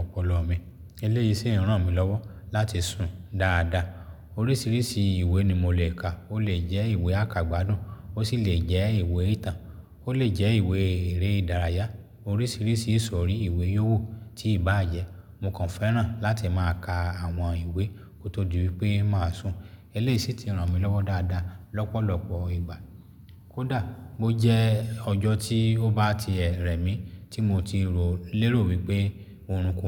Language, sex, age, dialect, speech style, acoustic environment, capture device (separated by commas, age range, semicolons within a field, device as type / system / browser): Yoruba, male, 35-44, Nigeria - Lagos Yoruba, happy; relaxed; excited; focused, silence, mobile / Linux / Chrome